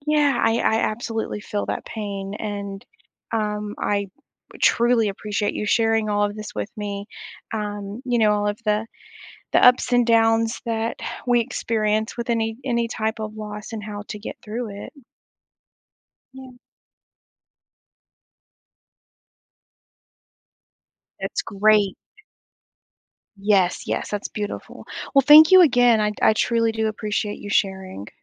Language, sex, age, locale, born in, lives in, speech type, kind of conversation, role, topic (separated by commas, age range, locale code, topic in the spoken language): English, female, 35-39, en-US, United States, United States, conversation, podcast, host, How do people find healthy ways to cope with grief and loss?